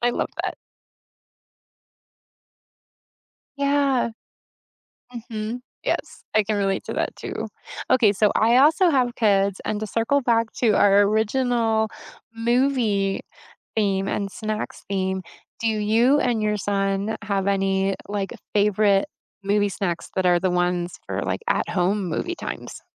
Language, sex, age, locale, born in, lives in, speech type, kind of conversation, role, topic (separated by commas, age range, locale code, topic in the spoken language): English, female, 50-54, en-US, United States, United States, conversation, unstructured, none, What are your must-have movie snacks, and what memories or rituals make them special?